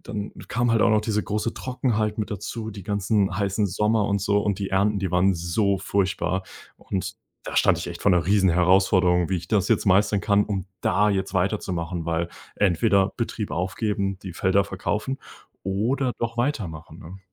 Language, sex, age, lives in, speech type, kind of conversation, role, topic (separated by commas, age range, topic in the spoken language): German, male, 20-24, Germany, conversation, podcast, guest, Was war die größte Herausforderung, die du überwunden hast?